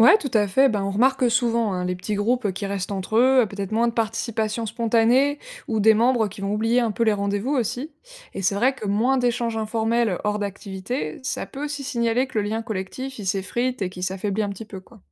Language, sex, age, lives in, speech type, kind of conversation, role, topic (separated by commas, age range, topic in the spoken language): French, female, 25-29, France, read, expert-assistant, expert, Quelles idées originales pourrait-on essayer pour créer plus de liens entre les travailleurs sociaux et les personnes isolées ?